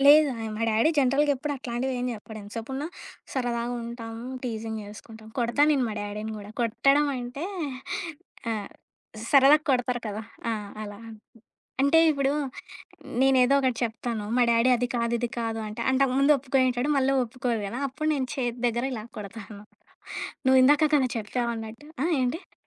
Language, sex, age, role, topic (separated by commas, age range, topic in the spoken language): Telugu, female, 25-29, guest, మీకు అత్యంత ఇష్టమైన కథ ఏది, అది మీకు ఎందుకు ప్రత్యేకంగా అనిపిస్తుంది?